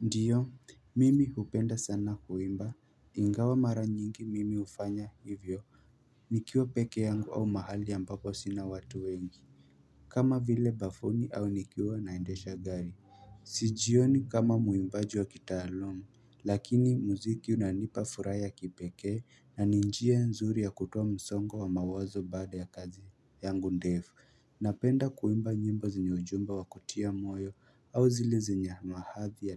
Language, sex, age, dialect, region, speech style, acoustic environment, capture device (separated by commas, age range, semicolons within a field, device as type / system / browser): Swahili, male, 18-24, Kenya - Nairobi Sheng-influenced, Kenya, happy, silence, mobile / Linux / Chrome